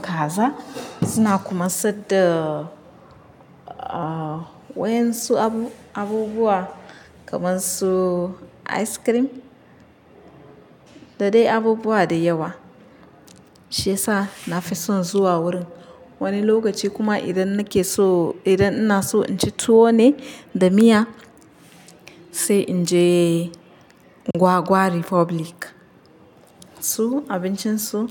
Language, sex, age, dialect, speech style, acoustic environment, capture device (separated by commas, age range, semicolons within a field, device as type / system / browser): Hausa, female, 25-34, Nigeria - Nigerian Standard, relaxed, silence, mobile / Linux / Chrome